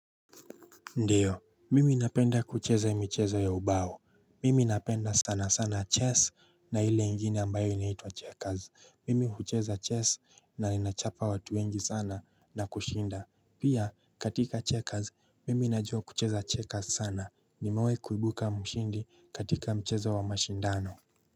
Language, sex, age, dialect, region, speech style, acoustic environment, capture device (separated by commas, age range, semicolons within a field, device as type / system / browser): Swahili, male, 25-34, Kenya - Nairobi Sheng-influenced, Kenya, happy, nature; silence, mobile / Linux / Chrome